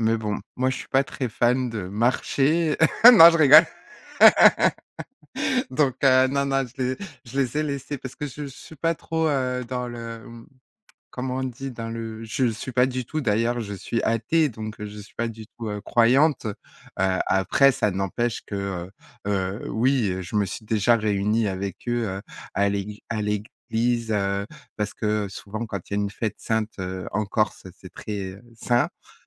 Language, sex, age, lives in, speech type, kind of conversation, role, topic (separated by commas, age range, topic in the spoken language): French, female, 40-44, France, conversation, podcast, guest, As-tu un souvenir marquant d’une marche des fiertés ou d’un rassemblement ?